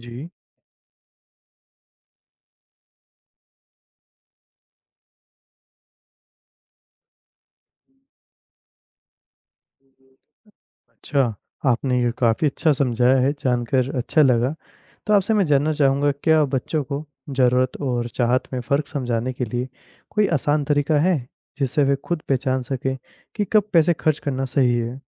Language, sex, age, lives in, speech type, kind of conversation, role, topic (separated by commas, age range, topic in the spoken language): Hindi, male, 20-24, India, read, expert-assistant, asker, बच्चों को पैसे की कीमत और बचत के महत्व के बारे में कैसे सिखाया जा सकता है?